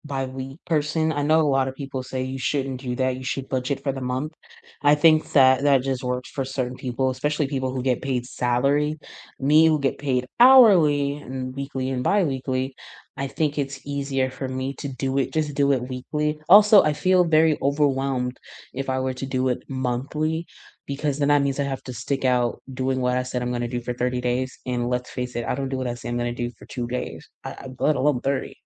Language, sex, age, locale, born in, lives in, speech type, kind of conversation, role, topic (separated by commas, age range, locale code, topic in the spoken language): English, female, 30-34, en-US, United States, United States, conversation, unstructured, none, What simple money habit helps your workdays feel calmer, and how did you make it stick?